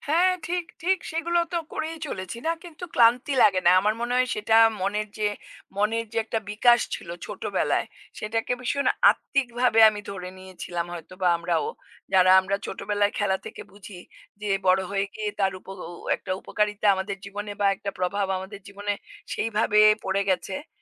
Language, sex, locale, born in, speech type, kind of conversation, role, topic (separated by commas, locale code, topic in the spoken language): Bengali, female, bn-IN, India, conversation, unstructured, none, শৈশবের কোন স্মৃতিটি আপনাকে আজও হাসায়?